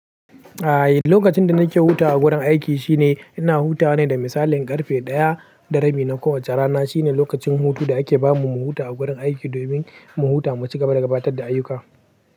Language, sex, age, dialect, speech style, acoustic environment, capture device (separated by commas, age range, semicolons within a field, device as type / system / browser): Hausa, male, 18-24, Nigeria - Pidgin-influenced, happy, people talking, mobile / Linux / Chrome